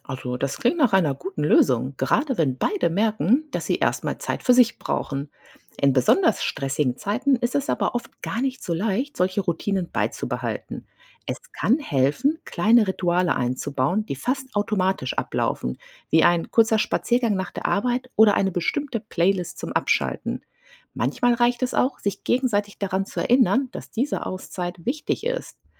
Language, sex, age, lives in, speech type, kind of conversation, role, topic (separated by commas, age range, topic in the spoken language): German, female, 40-44, United States, read, expert-assistant, expert, Wie spricht man Konflikte in einer Beziehung am besten an?